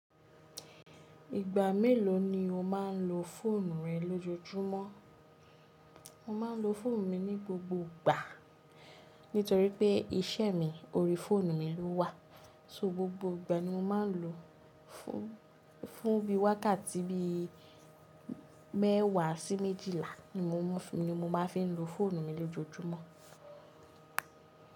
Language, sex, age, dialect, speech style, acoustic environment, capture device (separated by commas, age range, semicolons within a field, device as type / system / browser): Yoruba, female, 25-34, Nigeria - Lagos Yoruba, relaxed, appliances, mobile / Linux / Chrome